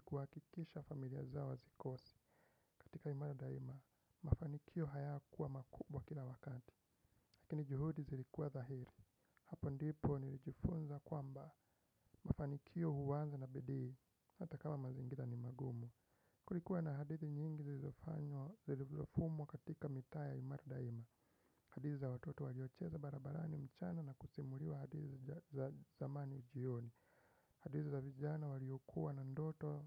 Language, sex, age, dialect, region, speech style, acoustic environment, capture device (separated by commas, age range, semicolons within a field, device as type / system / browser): Swahili, male, 25-34, Kenya - Nairobi Sheng-influenced, Kenya, relaxed, nature, desktop / Windows / Chrome